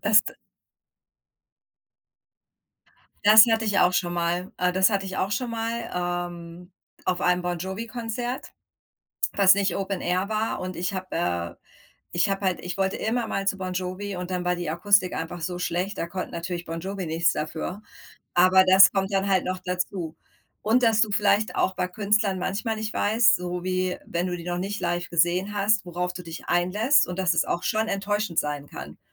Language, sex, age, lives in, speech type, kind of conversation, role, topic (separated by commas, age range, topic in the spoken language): German, female, 40-44, United States, conversation, unstructured, none, Was ärgert dich an den Ticketpreisen für Konzerte?